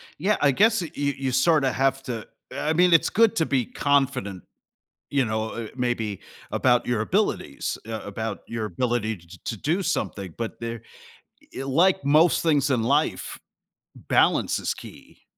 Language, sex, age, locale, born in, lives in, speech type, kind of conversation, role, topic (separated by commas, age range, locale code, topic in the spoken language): English, male, 45-49, en-US, United States, United States, conversation, podcast, host, How can people find direction when they feel uncertain about their future?